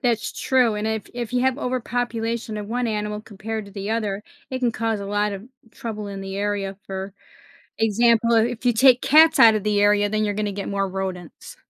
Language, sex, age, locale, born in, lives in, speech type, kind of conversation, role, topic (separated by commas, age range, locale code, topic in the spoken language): English, female, 55-59, en-US, United States, United States, conversation, podcast, host, Why are healthy forests essential for the well-being of our planet?